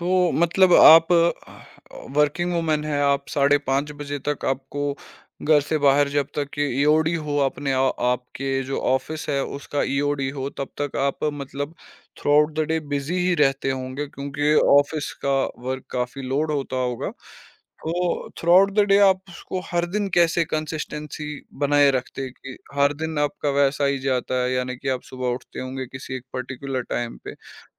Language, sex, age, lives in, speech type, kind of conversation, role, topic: Hindi, male, 25-29, India, conversation, podcast, host, Busy schedule mein aap apni consistency kaise banaye rakhte ho?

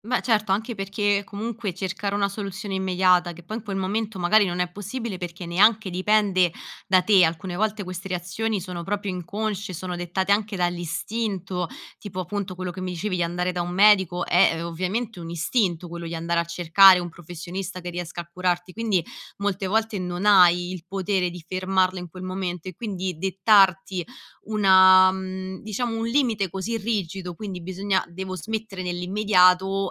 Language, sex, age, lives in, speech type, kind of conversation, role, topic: Italian, female, 25-29, Italy, conversation, podcast, host, Quali tecniche usi per calmarti in un momento di ansia?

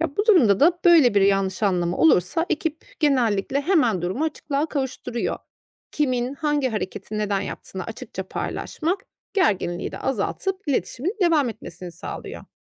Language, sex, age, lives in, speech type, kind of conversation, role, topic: Turkish, female, 35-39, Austria, read, expert-assistant, expert, Küreselleşme hayatımızda hangi yeni fırsatları ve zorlukları yaratıyor olabilir?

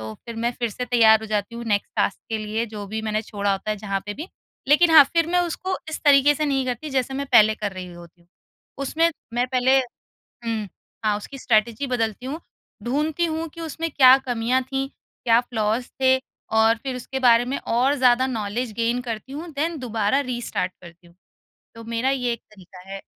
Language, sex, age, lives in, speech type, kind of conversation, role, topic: Hindi, female, 30-34, India, conversation, podcast, guest, Motivation kam ho jaati hai toh tum kya karte ho?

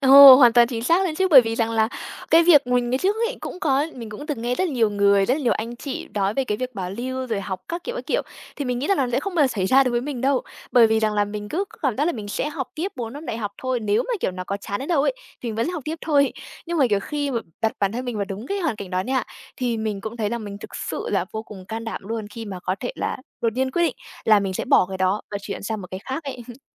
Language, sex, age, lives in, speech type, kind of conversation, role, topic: Vietnamese, female, 20-24, Vietnam, conversation, podcast, guest, Bạn đã bao giờ quyết định làm lại từ đầu chưa?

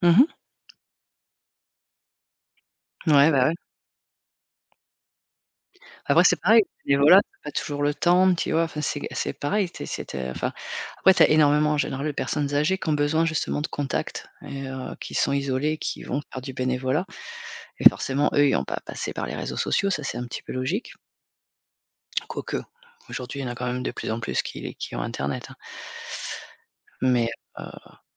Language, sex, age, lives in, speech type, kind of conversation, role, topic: French, female, 45-49, France, conversation, unstructured, none, Comment la technologie influence-t-elle la manière dont les jeunes s’engagent dans le bénévolat ?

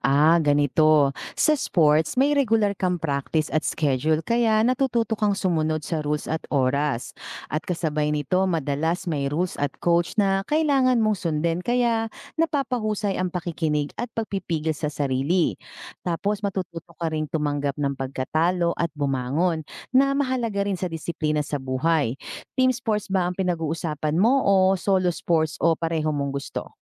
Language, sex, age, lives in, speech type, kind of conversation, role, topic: Filipino, female, 40-44, Philippines, read, expert-assistant, expert, Paano nakakatulong ang sports sa paghubog ng disiplina?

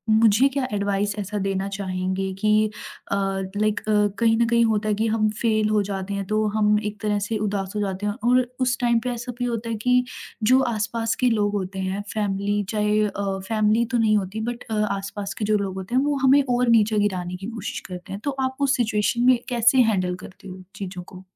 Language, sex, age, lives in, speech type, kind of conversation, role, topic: Hindi, female, 20-24, India, conversation, podcast, host, Kaunsi aisi naakaami thi jo baad mein tumhare liye barkat ban gayi?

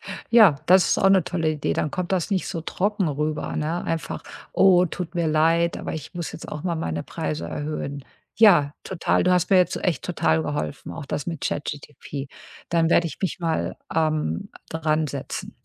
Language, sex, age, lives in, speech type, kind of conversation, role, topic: German, female, 50-54, United States, conversation, advice, user, Warum sehe ich trotz harter Arbeit keinen Fortschritt?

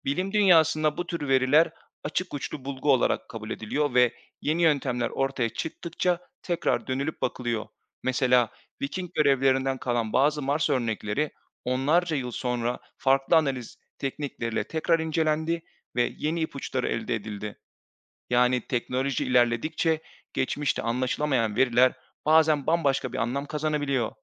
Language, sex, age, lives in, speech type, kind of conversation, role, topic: Turkish, male, 30-34, Bulgaria, read, expert-assistant, expert, Mars'ta yaşam izlerini bulmak neden bu kadar zor?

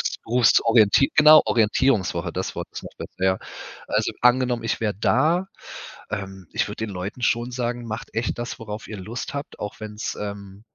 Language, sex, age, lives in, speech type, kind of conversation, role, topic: German, male, 35-39, Germany, conversation, podcast, guest, Wie war dein Übergang ins Berufsleben?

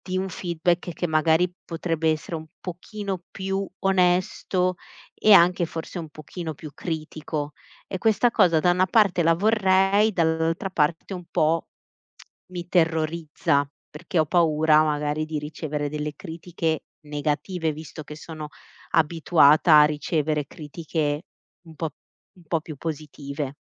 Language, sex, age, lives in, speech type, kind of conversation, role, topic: Italian, female, 35-39, Italy, conversation, advice, user, Come posso chiedere un feedback sincero dopo una valutazione delle prestazioni?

